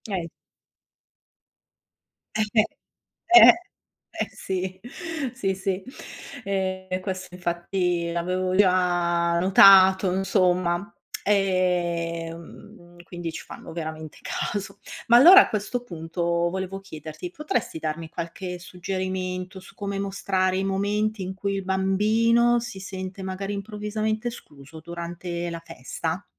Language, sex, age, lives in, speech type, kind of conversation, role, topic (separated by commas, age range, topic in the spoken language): Italian, female, 55-59, Italy, read, expert-assistant, asker, Quali sono i modi per descrivere una festa attraverso gli occhi di un bambino?